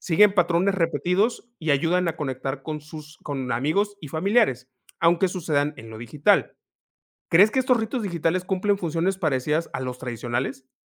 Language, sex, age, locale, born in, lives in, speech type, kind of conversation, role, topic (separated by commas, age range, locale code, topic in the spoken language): Spanish, male, 35-39, es-MX, Mexico, Mexico, read, expert-assistant, expert, ¿Cómo han evolucionado los ritos familiares entre lo tradicional y lo digital?